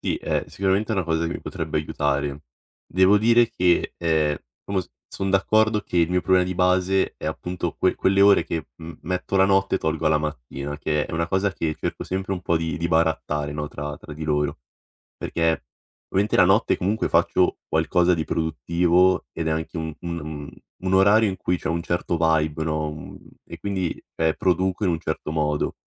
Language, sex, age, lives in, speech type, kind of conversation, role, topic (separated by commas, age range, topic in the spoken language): Italian, male, 18-19, Italy, conversation, advice, user, Cosa posso fare se ultimamente mi sento meno lucido e faccio fatica a concentrarmi?